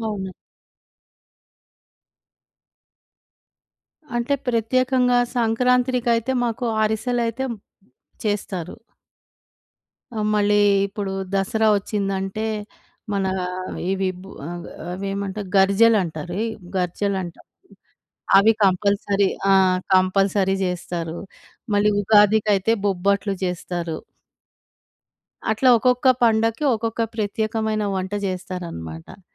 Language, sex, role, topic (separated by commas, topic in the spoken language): Telugu, female, guest, మీకు చిన్నప్పటి ఇంటి వాసనను గుర్తుకు తెచ్చే ఆహారం ఏది?